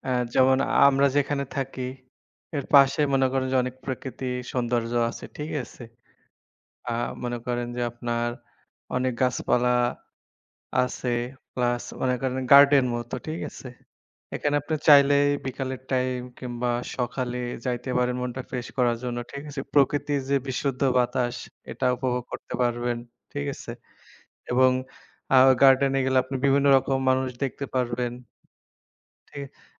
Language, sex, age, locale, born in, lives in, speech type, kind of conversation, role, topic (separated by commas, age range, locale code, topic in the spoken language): Bengali, male, 25-29, bn-BD, Bangladesh, Bangladesh, conversation, unstructured, none, প্রকৃতির সৌন্দর্য আমরা কীভাবে উপভোগ করতে পারি?